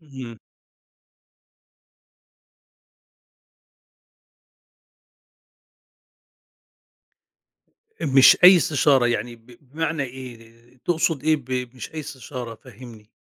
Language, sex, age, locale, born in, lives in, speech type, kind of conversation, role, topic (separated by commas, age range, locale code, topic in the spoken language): Arabic, male, 50-54, ar-EG, Egypt, Egypt, conversation, podcast, host, إزاي اتعلمت تطلب مساعدة لما كنت محتاج؟